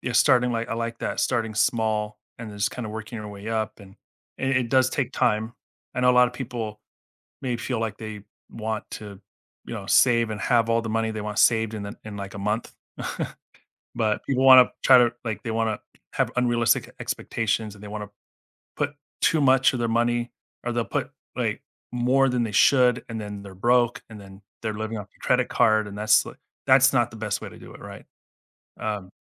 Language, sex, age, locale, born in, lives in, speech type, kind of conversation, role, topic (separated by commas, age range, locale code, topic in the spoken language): English, male, 40-44, en-US, United States, United States, conversation, podcast, host, How does having an emergency fund help people handle unexpected financial challenges?